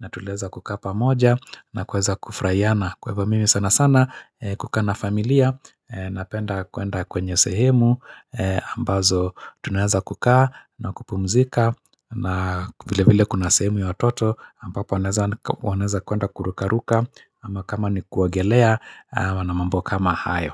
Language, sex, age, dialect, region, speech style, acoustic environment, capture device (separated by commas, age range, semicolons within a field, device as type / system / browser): Swahili, male, 45-54, Kenya - Nairobi Sheng-influenced, Kenya, happy; focused; excited; relaxed, silence, mobile / Linux / Chrome